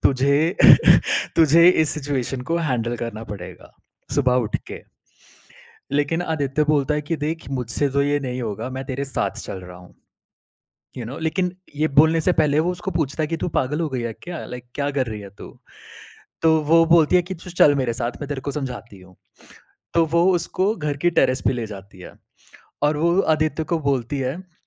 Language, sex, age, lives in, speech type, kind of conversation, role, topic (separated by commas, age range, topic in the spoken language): Hindi, male, 30-34, India, conversation, podcast, guest, Aapko sabse zyada chhoo jaane wali kahani kaun si thi?